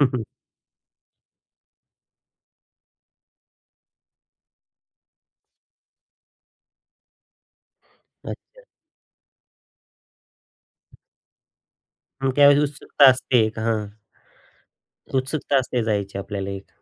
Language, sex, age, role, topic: Marathi, male, 35-39, host, लहानपणी आईवडिलांनी तुम्हाला किती स्वातंत्र्य दिलं, आणि त्याचा तुमच्यावर काय परिणाम झाला?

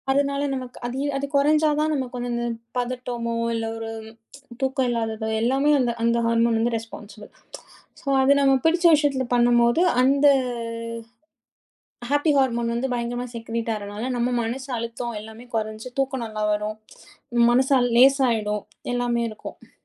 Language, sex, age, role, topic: Tamil, female, 30-34, guest, பழைய பொழுதுபோக்குகளை மீண்டும் தொடங்குவது உடல்நலத்துக்கும் மனநலத்துக்கும் எப்படி உதவுகிறது?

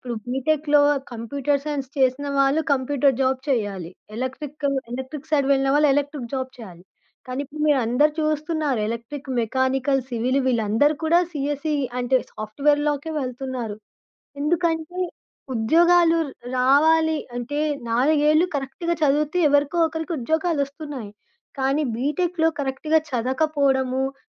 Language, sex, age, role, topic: Telugu, female, 25-29, guest, ఒక సంఘటన వల్ల మీ మనసు మారిపోయిన అనుభవాన్ని చెప్పగలరా?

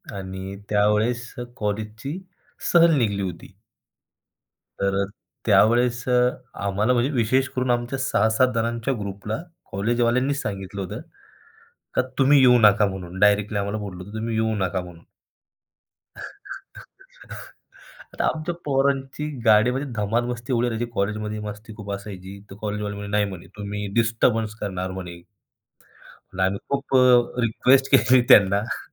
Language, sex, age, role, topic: Marathi, male, 25-29, guest, कधी मित्रांसोबत केलेल्या एखाद्या साहसाची गोष्ट सांगशील का?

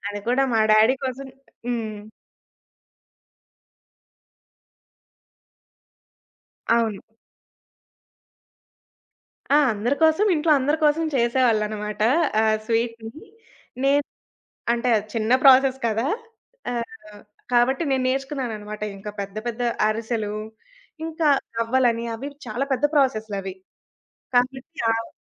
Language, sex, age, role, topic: Telugu, female, 20-24, guest, స్థానిక వంటకాన్ని మొదటిసారిగా రుచి చూసినప్పుడు మీ అనుభవం ఎలా ఉండింది?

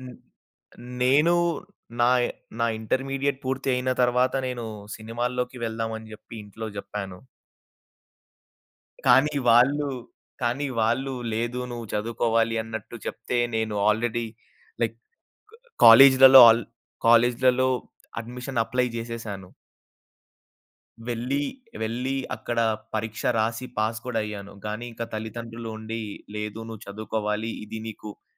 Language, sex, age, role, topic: Telugu, male, 20-24, guest, సృజనాత్మక పనిలో మీ వ్యక్తిగత గుర్తింపు మీకు ఎంత ముఖ్యంగా అనిపిస్తుంది?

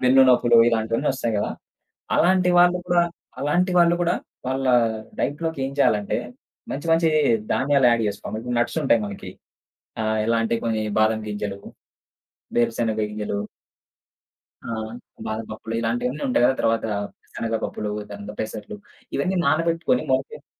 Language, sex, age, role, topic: Telugu, male, 25-29, guest, పరిమిత సమయం ఉన్నప్పటికీ ఆరోగ్యంగా జీవించడానికి మీరు పాటించే చిట్కాలు ఏమిటి?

